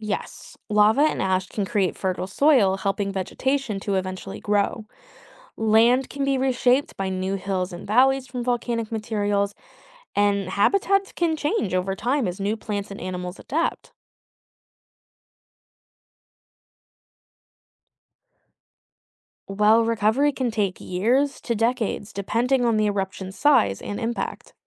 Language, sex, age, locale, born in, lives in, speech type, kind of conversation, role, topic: English, female, 25-29, en-US, United States, United States, read, expert-assistant, expert, How do volcanoes cause destruction when they erupt?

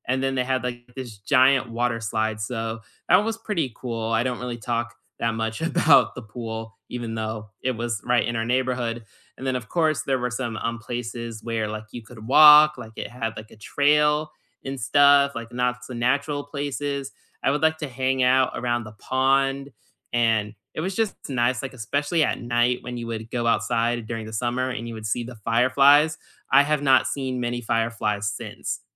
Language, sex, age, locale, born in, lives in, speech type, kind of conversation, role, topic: English, male, 20-24, en-US, United States, United States, conversation, unstructured, none, What neighborhood hangouts and everyday places around here feel most like home to you, and why?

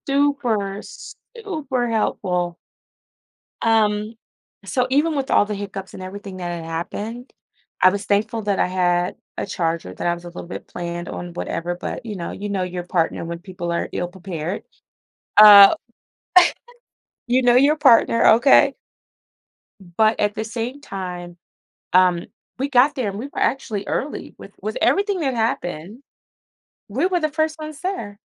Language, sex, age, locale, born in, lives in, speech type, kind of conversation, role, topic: English, female, 40-44, en-US, United States, United States, conversation, podcast, guest, How do you stay flexible and make the most of unexpected situations while traveling?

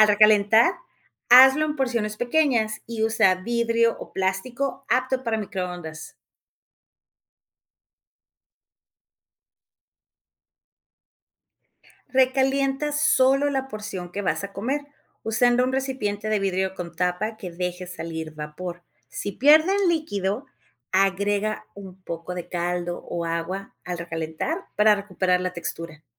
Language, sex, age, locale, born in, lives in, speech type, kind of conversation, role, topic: Spanish, female, 45-49, es-MX, Mexico, Mexico, read, expert-assistant, expert, ¿Cómo puedo organizar mi cocina en casa para asegurarme de que los alimentos se mantengan seguros y evitar la contaminación cruzada?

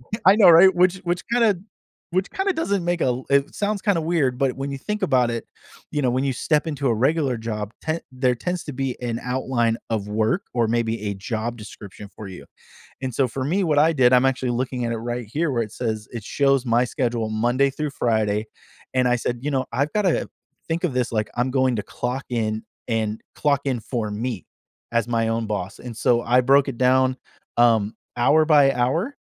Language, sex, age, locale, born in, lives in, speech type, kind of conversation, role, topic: English, male, 40-44, en-US, United States, United States, conversation, podcast, guest, What helps people build and stick to healthy routines in daily life?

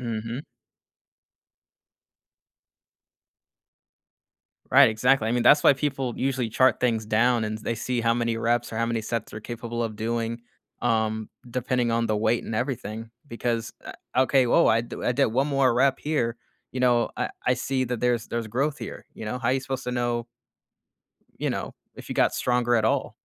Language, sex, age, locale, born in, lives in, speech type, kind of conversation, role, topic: English, male, 25-29, en-US, United States, United States, conversation, podcast, guest, How has experiencing failure helped you grow or change your perspective?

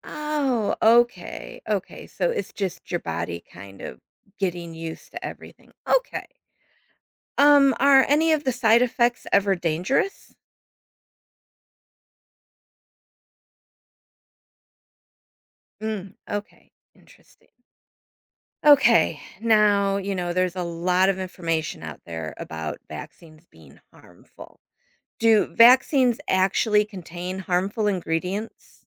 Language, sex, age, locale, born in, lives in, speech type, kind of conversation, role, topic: English, female, 50-54, en-US, United States, United States, read, expert-assistant, asker, How do vaccines protect against diseases?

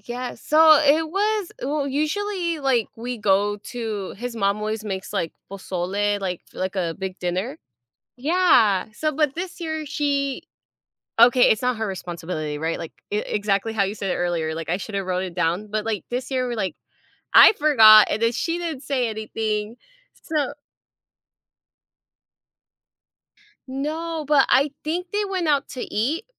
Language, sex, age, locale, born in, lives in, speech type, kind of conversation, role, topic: English, female, 25-29, en-US, United States, United States, conversation, advice, user, How should I apologize to my friend for forgetting their birthday?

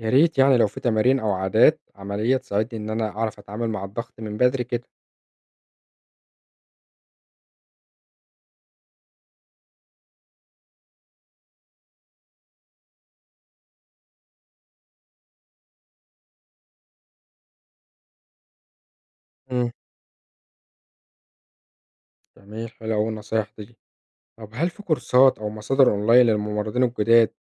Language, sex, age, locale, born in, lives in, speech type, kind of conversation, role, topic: Arabic, male, 25-29, ar-EG, Egypt, Egypt, read, expert-assistant, asker, المعلومات اللي بنسمعها عن التمريض دايمًا صح؟ ونعرف منين الصح من الغلط؟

